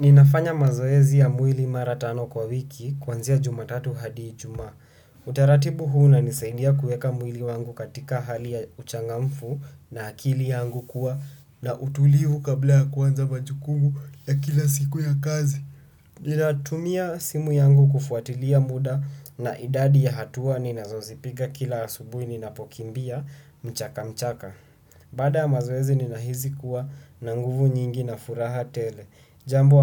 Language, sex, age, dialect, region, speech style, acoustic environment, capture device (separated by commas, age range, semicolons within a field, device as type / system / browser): Swahili, male, 18-24, Kenya - Nairobi Sheng-influenced, Kenya, anxious, silence, desktop / Windows / Chrome